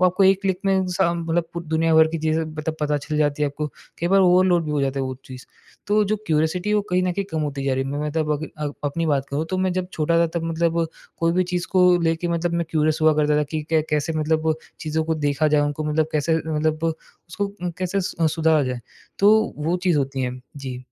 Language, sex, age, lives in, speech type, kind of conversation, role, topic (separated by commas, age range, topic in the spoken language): Hindi, male, 20-24, India, conversation, podcast, guest, Curiosity ko roz ek habit me kaise badalte ho?